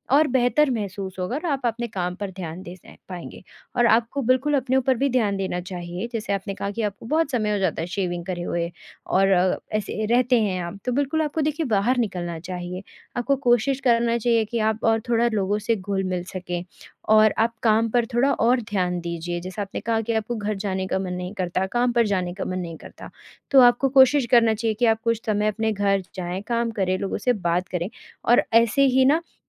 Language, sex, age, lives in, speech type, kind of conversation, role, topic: Hindi, female, 20-24, India, conversation, advice, advisor, मैं सोशल मीडिया पर अपने पूर्व साथी की पोस्ट देखकर बार-बार खुद की तुलना क्यों करने लगता/लगती हूँ और इससे होने वाले दर्द से कैसे निपटूँ?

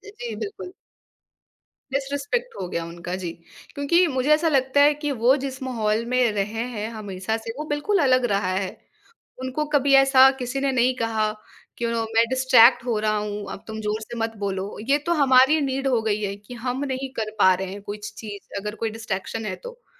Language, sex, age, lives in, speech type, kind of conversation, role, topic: Hindi, female, 20-24, India, conversation, podcast, guest, Ghar par hone wali uljhanon ko tum kaise sambhalte ho?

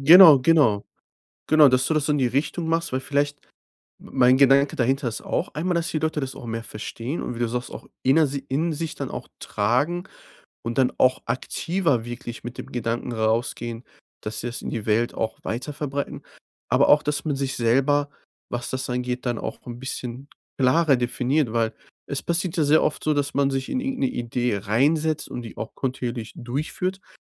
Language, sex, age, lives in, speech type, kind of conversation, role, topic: German, male, 25-29, Germany, conversation, advice, advisor, Wie kann ich Wege finden, um im Leben langfristig sichtbare Spuren zu hinterlassen?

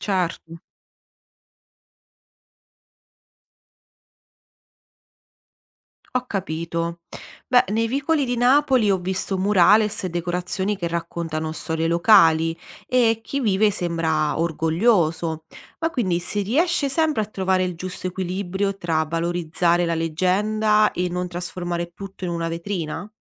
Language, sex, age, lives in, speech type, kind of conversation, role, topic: Italian, female, 30-34, Italy, read, expert-assistant, asker, Come posso imparare a riconoscere le influenze culturali nei quartieri di una città?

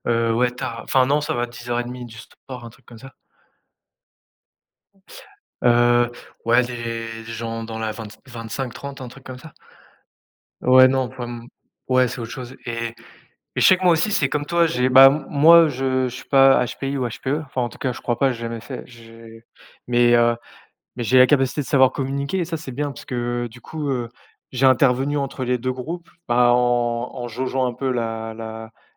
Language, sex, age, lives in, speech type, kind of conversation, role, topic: French, male, 30-34, France, conversation, unstructured, none, Dans quels aspects de votre gestion des émotions aimeriez-vous progresser ?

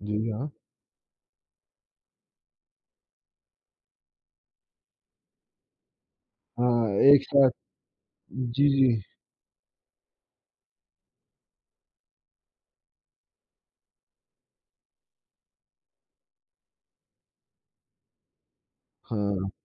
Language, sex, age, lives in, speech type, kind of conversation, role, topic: Hindi, male, 20-24, India, conversation, podcast, host, Streaming platform चुनते समय तुम किन बातों पर ध्यान देते हो?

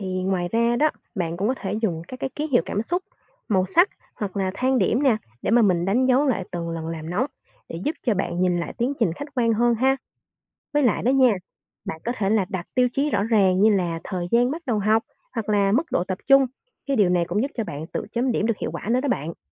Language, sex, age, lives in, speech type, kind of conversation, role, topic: Vietnamese, female, 20-24, Vietnam, read, expert-assistant, expert, Tại sao tôi học mãi mà không nhớ được kiến thức mới? Liệu có điều gì sai trong phương pháp học của tôi không?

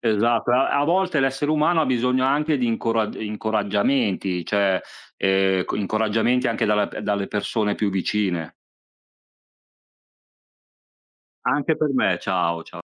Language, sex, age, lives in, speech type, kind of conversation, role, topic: Italian, male, 40-44, Italy, conversation, unstructured, none, Qual è stata la lezione più importante che hai imparato nella vita?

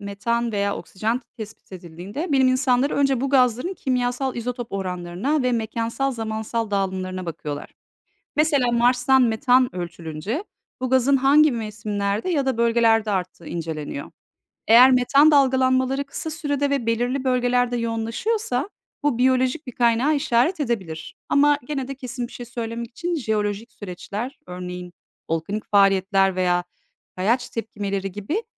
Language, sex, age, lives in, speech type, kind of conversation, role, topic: Turkish, female, 40-44, Netherlands, read, expert-assistant, expert, Astrobiyoloji gezegenlerde yaşam arayışında neden bu kadar önemli?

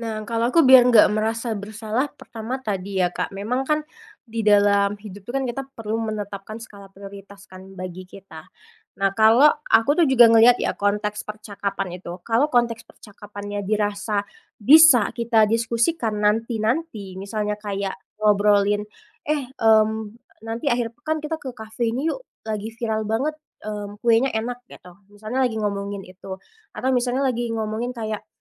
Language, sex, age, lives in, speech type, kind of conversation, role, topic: Indonesian, female, 25-29, Indonesia, conversation, podcast, guest, Bagaimana kamu mengatur notifikasi agar tetap produktif saat bekerja?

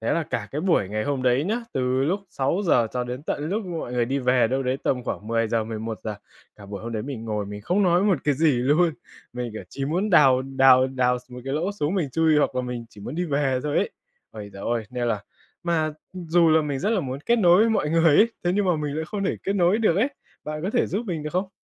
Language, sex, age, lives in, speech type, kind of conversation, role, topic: Vietnamese, male, 20-24, Vietnam, conversation, advice, user, Làm sao để bớt lo lắng khi tham gia các buổi tụ tập xã hội cùng bạn bè?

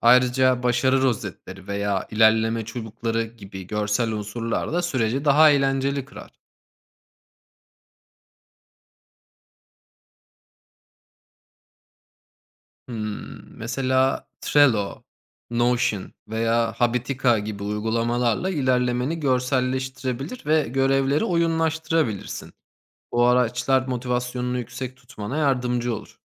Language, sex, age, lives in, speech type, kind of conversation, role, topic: Turkish, male, 30-34, Germany, read, expert-assistant, expert, Oyun oynamak, problem çözme ve hızlı karar verme becerilerini geliştirmek için nasıl daha verimli bir şekilde kullanılabilir? Hangi oyunlar bu amaç için öne çıkıyor?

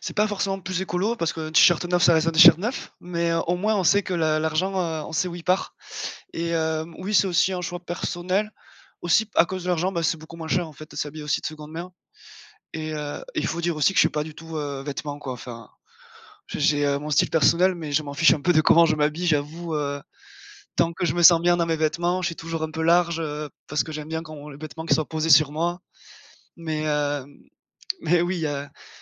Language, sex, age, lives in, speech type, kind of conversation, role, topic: French, male, 25-29, France, conversation, podcast, guest, Comment ton style raconte-t-il une partie de ton histoire personnelle ?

